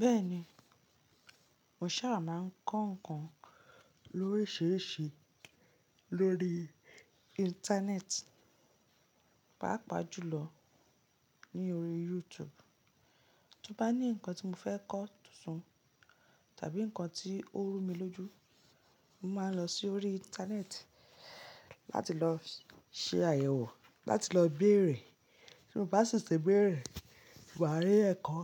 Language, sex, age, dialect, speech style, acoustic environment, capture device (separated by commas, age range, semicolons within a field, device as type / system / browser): Yoruba, female, 35-44, Nigeria - Lagos Yoruba, relaxed, nature, mobile / Linux / Chrome